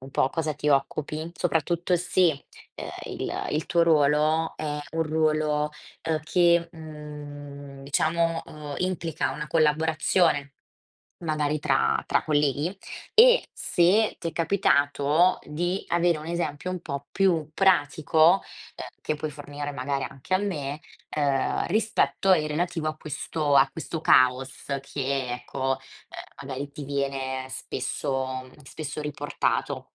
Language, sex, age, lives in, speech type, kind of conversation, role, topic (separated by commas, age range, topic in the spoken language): Italian, female, 20-24, Italy, conversation, advice, advisor, Cosa intende davvero chi mi critica quando dice che il mio lavoro è confuso?